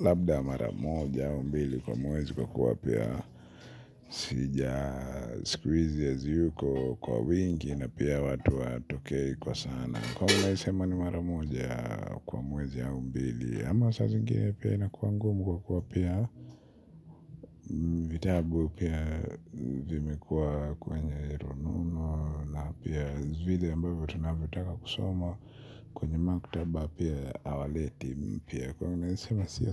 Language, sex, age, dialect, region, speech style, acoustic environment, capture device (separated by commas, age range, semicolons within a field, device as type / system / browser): Swahili, male, 25-34, Kenya - Nairobi Sheng-influenced, Kenya, relaxed, silence, mobile / Linux / Chrome